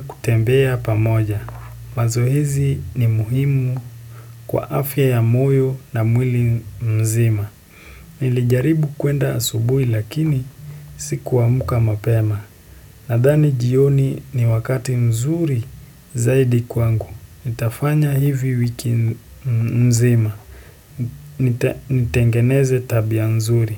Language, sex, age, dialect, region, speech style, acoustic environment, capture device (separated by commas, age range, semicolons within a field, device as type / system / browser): Swahili, male, 18-24, Kenya - Nairobi Sheng-influenced, Kenya, excited; happy, silence, desktop / Windows / Chrome